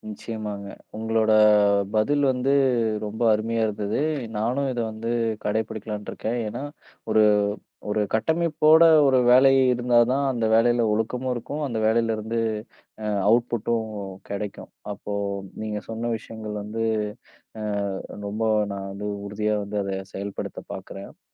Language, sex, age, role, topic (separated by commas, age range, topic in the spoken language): Tamil, male, 30-34, host, நீங்கள் மனஅழுத்தத்தை சமாளிக்க என்ன செய்கிறீர்கள்?